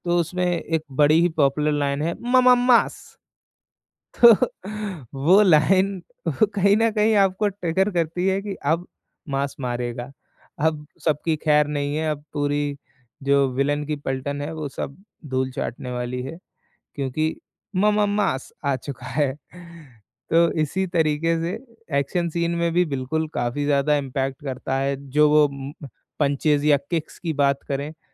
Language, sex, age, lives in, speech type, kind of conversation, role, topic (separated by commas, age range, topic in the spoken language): Hindi, male, 20-24, India, conversation, podcast, guest, Tum film ke music ko kitna important samajhte ho?